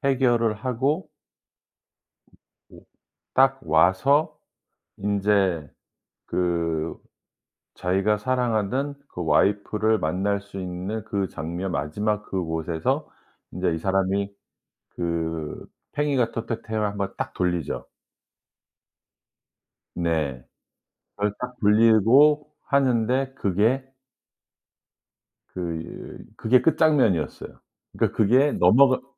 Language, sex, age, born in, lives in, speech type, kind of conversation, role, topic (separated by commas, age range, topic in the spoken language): Korean, male, 55-59, South Korea, United States, conversation, podcast, guest, 마음에 남는 영화 결말이 있나요?